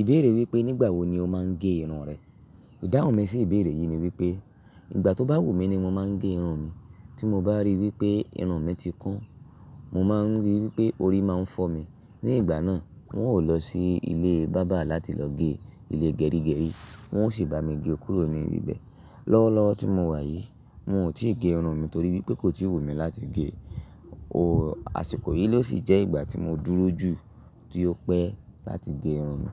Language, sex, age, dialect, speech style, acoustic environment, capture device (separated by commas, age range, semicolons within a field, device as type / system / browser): Yoruba, male, 25-34, Nigeria - Ibadan, happy; excited; relaxed, silence; nature, mobile / Linux / Chrome